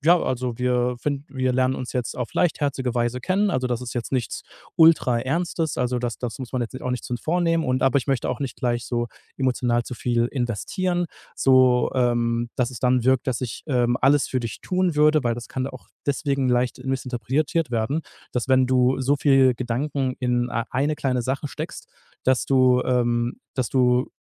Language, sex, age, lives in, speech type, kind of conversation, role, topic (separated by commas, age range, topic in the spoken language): German, male, 30-34, Germany, conversation, podcast, guest, Wie gehst du mit Lesebestätigungen und blauen Haken um?